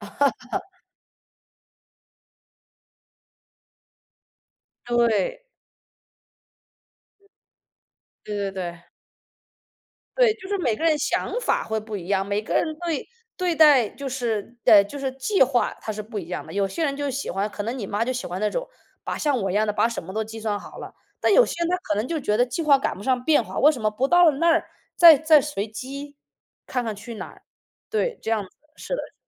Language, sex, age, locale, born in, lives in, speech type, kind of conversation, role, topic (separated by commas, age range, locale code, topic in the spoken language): Chinese, male, 35-39, zh-CN, United States, United States, conversation, unstructured, none, 你最喜欢和家人一起旅行的经历是什么？